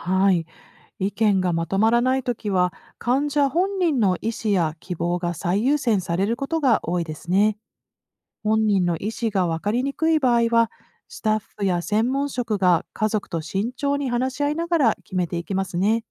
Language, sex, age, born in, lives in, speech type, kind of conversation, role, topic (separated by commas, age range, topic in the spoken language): Japanese, female, 50-54, Japan, United States, read, expert-assistant, expert, もしAIが診断や治療方針の決定を完全に担う時代が来たら、医療現場や患者の体験はどう変わると思いますか？